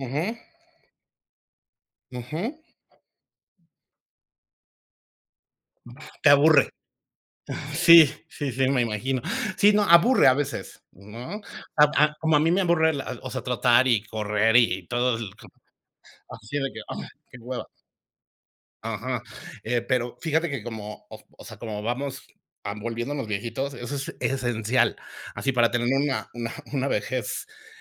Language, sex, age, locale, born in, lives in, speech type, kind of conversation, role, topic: Spanish, male, 45-49, es-MX, Mexico, Mexico, conversation, unstructured, none, ¿Cuál es tu actividad física favorita y por qué?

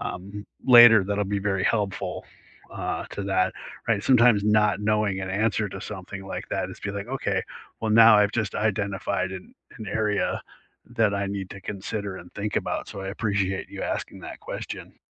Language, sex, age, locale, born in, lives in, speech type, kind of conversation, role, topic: English, male, 50-54, en-US, United States, United States, conversation, unstructured, none, What kind of support is most helpful to you when life gets busy?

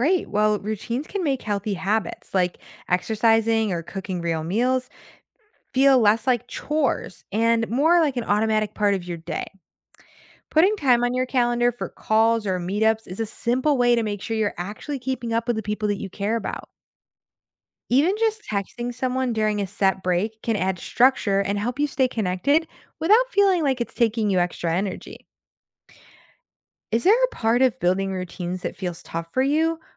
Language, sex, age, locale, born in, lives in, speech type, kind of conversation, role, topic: English, female, 25-29, en-US, United States, United States, read, expert-assistant, expert, Why is it important to manage time wisely?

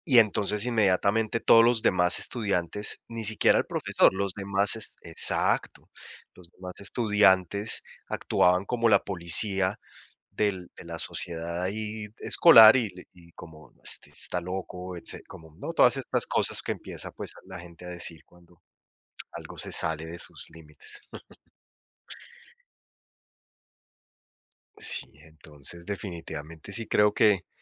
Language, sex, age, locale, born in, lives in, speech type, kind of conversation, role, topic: Spanish, male, 45-49, es-CO, Colombia, United States, conversation, unstructured, none, ¿Crees que la sociedad limita la forma en que expresamos nuestra identidad?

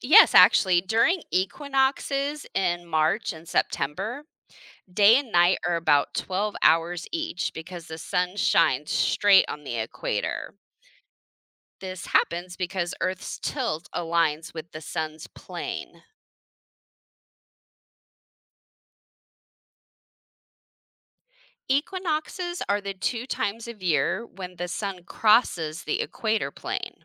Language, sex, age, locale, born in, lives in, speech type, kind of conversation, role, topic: English, female, 40-44, en-US, United States, United States, read, expert-assistant, expert, What causes day and night on Earth?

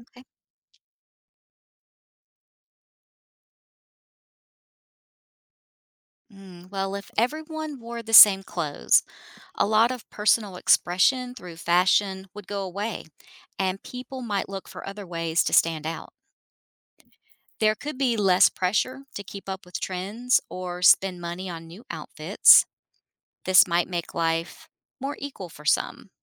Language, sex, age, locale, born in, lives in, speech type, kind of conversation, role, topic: English, female, 50-54, en-US, United States, United States, read, expert-assistant, expert, What would happen if everyone wore identical clothes?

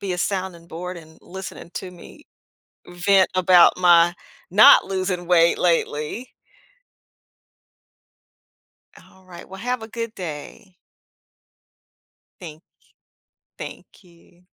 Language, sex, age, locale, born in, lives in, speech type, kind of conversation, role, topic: English, female, 55-59, en-US, United States, United States, conversation, advice, user, How can I manage stress from daily responsibilities without feeling overwhelmed?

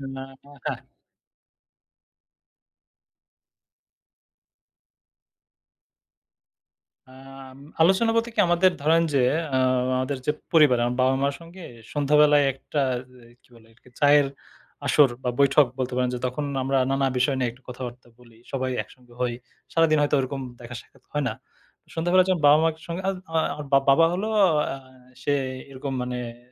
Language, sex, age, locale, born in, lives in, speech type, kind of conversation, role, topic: Bengali, male, 30-34, bn-BD, Bangladesh, Bangladesh, conversation, podcast, guest, ভুয়া খবর চিনতে আপনি কী কী নিয়ম মেনে চলেন?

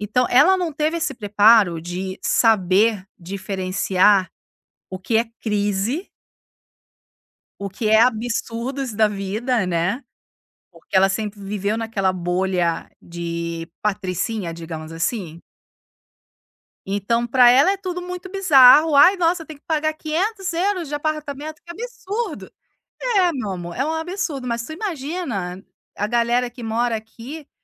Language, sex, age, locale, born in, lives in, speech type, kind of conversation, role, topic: Portuguese, female, 40-44, pt-BR, Brazil, Italy, conversation, advice, advisor, Como você descreveria uma discussão com seu parceiro causada pela falta de comunicação?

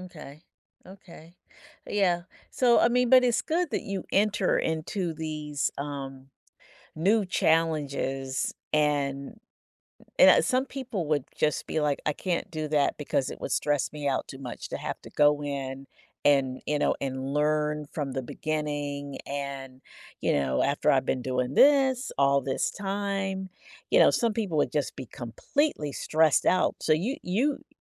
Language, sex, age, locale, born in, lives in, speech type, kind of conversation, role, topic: English, female, 55-59, en-US, United States, United States, conversation, podcast, host, How do you approach personal growth by challenging your own boundaries?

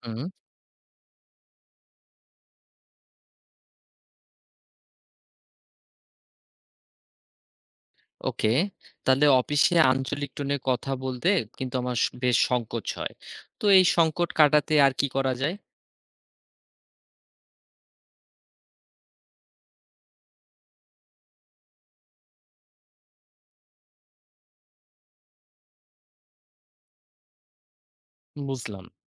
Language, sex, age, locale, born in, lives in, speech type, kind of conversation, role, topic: Bengali, male, 30-34, bn-BD, Bangladesh, Bangladesh, read, expert-assistant, asker, নিজের মাতৃভাষা ও সামাজিক ভাষা ব্যবহারের মধ্যে ভারসাম্য কীভাবে বজায় রাখা যায়?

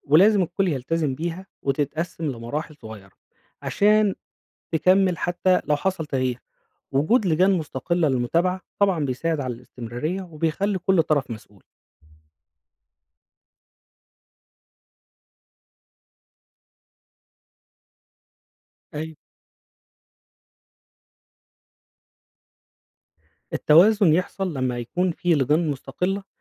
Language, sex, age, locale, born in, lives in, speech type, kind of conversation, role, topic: Arabic, male, 35-39, ar-EG, Egypt, Egypt, read, expert-assistant, expert, إزاي بتتغير سياسة التعليم مع تغير الحكومات والظروف الاقتصادية، وليه بنشوف تغييرات في المناهج أو نظام الثانوية العامة؟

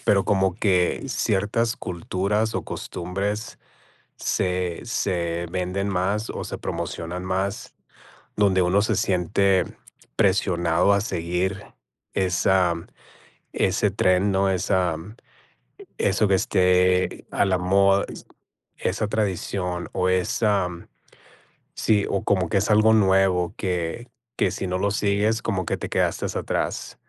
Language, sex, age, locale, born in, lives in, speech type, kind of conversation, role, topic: Spanish, male, 40-44, es-MX, United States, United States, conversation, unstructured, none, ¿Crees que la sociedad pone demasiadas expectativas sobre nosotros?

